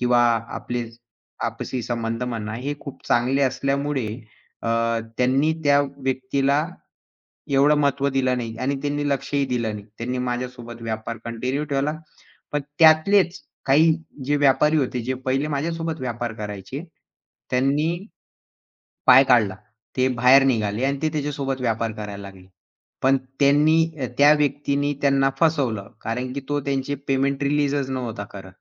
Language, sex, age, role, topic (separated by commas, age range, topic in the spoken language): Marathi, male, 20-24, guest, काम आणि ओळख यांचं नातं तुम्ही कसं समजून घेता?